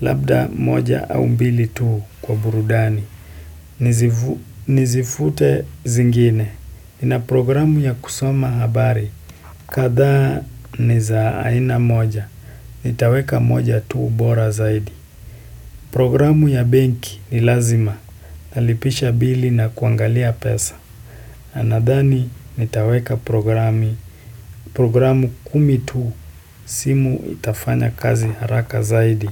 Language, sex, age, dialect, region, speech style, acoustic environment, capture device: Swahili, male, 18-24, Kenya - Nairobi Sheng-influenced, Kenya, excited; happy, silence, desktop / Windows / Chrome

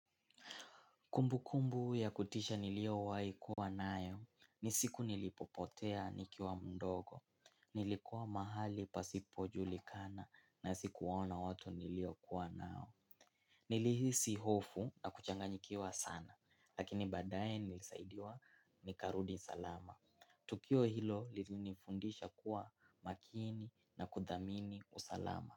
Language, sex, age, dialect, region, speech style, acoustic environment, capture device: Swahili, male, 18-24, Kenya - Mombasa, Kenya, excited; focused; happy; relaxed, silence, mobile / Linux / Chrome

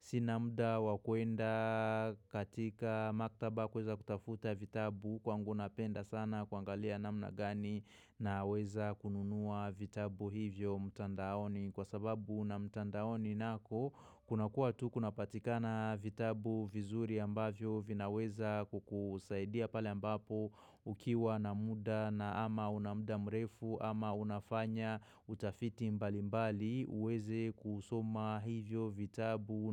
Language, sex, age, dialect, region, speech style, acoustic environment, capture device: Swahili, male, 25-34, Kenya - Nairobi Sheng-influenced, Kenya, stressed, silence, mobile / macOS / Safari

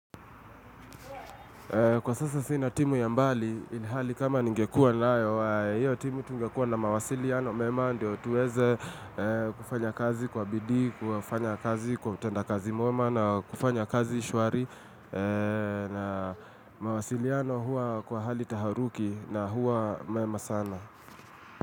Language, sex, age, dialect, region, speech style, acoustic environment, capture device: Swahili, male, 25-34, Kenya - Nairobi Sheng-influenced, Kenya, relaxed, traffic, mobile / Linux / Chrome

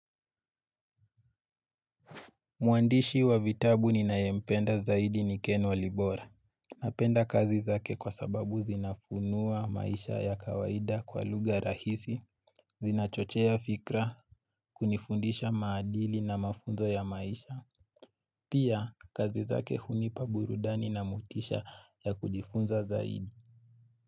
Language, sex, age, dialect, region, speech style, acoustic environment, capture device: Swahili, male, 18-24, Kenya - Nairobi Sheng-influenced, Kenya, happy, nature, mobile / Linux / Chrome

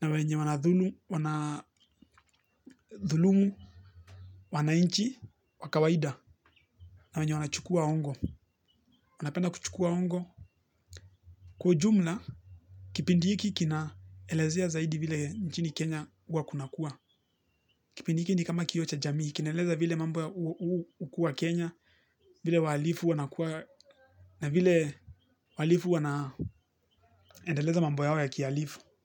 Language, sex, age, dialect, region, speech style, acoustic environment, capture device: Swahili, male, 18-24, Kenya - Nairobi Sheng-influenced, Kenya, relaxed, nature; people talking; appliances, mobile / Linux / Chrome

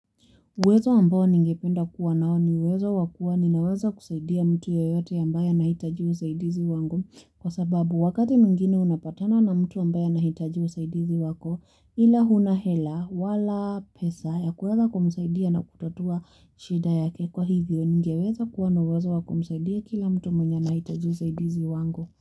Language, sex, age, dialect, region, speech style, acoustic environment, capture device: Swahili, female, 18-24, Kenya - Nairobi Sheng-influenced, Kenya, happy; relaxed; focused, music; people talking; nature; construction, mobile / Linux / Chrome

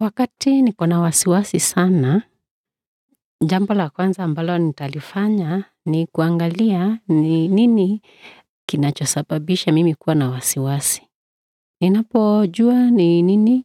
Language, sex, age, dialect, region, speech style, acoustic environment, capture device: Swahili, male, 35-44, Kenya - Nairobi Sheng-influenced, Kenya, relaxed, silence; appliances; people talking, desktop / Windows / Edge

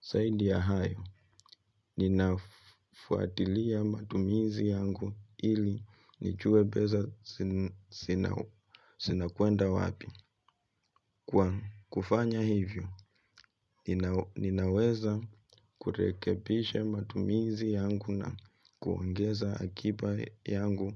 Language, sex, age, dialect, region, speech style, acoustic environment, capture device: Swahili, male, 25-34, Kenya - Nairobi Sheng-influenced, Kenya, relaxed, silence; nature, mobile / Linux / Chrome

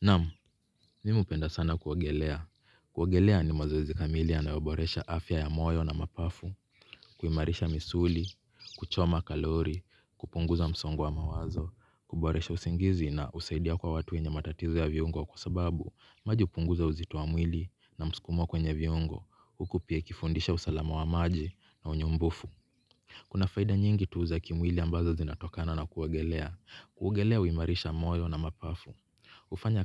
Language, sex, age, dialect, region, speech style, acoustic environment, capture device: Swahili, male, 25-34, Kenya - Mombasa, Kenya, relaxed; focused, people talking; nature, mobile / Linux / Chrome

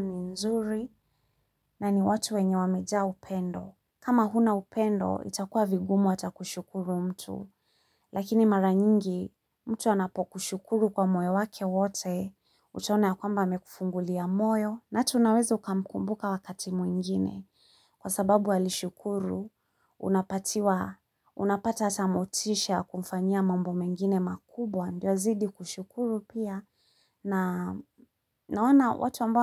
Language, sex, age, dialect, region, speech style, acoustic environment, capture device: Swahili, female, 35-44, Kenya - Nairobi Sheng-influenced, Kenya, relaxed, silence, mobile / Linux / Chrome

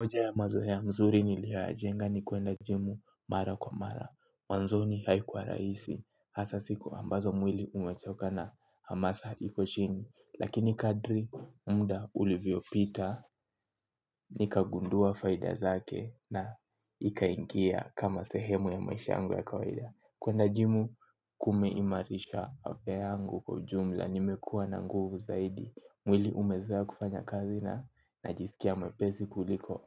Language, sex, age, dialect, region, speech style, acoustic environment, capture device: Swahili, male, 18-24, Kenya - Nairobi Sheng-influenced, Kenya, focused, silence, mobile / Linux / Chrome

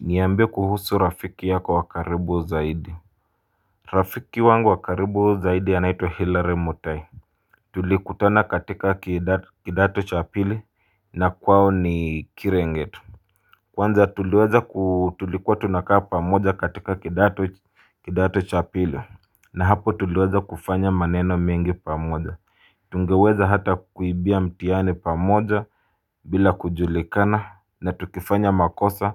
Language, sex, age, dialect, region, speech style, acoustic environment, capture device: Swahili, male, 25-34, Kenya - Mombasa, Kenya, relaxed, people talking; silence, mobile / Linux / Chrome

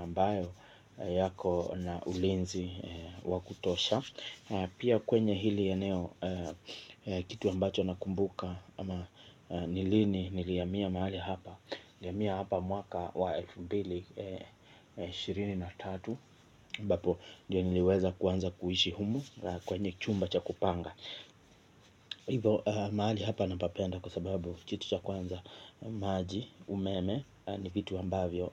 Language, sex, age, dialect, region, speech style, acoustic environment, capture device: Swahili, male, 25-34, Kenya - Mombasa, Kenya, happy, silence, mobile / Linux / Chrome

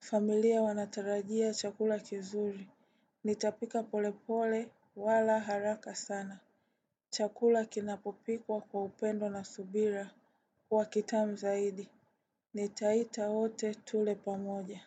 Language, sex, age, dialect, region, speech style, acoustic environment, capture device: Swahili, female, 18-24, Tanzania - Dar es Salaam, Tanzania, relaxed; focused, appliances, desktop / Windows / Chrome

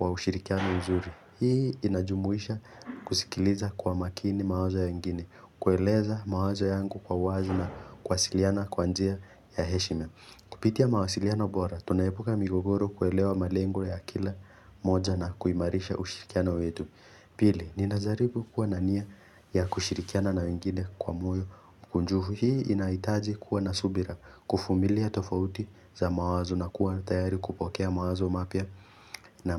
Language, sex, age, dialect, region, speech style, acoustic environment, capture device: Swahili, male, 18-24, Kenya - Nairobi Sheng-influenced, Kenya, focused, silence; nature, mobile / Linux / Chrome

